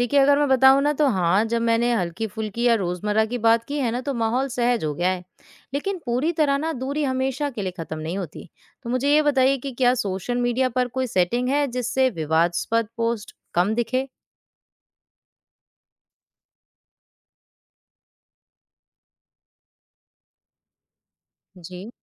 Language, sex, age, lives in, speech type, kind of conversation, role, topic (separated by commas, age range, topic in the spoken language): Hindi, female, 20-24, India, read, expert-assistant, asker, क्या सोशल मीडिया के बढ़ते प्रभाव ने राजनीतिक रुझानों को सकारात्मक या नकारात्मक रूप से प्रभावित किया है?